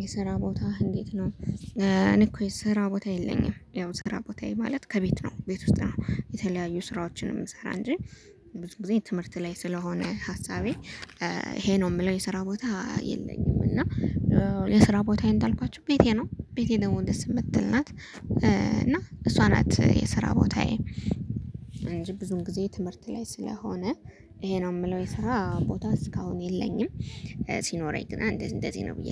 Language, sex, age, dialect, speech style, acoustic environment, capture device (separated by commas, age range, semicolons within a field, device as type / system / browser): Amharic, female, 25-34, Ethiopia - Gondar, focused, nature, mobile / Linux / Chrome